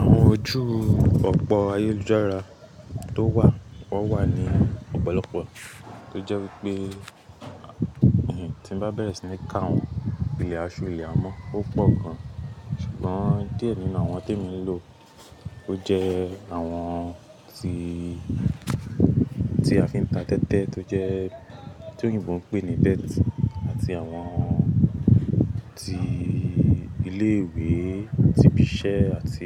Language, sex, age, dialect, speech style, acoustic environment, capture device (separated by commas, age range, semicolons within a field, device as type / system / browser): Yoruba, male, 18-24, Nigeria - Lagos Yoruba, anxious, nature, mobile / Linux / Chrome